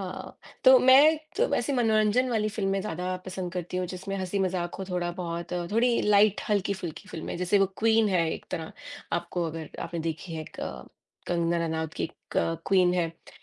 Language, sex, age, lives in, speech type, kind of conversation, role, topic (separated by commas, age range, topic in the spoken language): Hindi, female, 40-44, United States, conversation, unstructured, none, फिल्में देखकर आप क्या सीखते हैं?